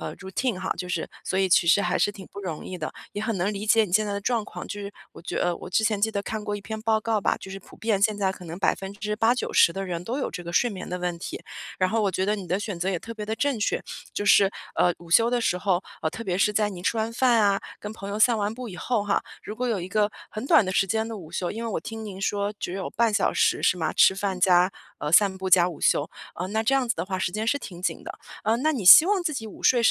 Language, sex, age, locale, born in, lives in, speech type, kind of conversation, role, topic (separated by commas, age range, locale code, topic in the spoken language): Chinese, female, 35-39, zh-CN, China, United States, conversation, advice, advisor, 如何安排午睡才能更有效地恢复精力？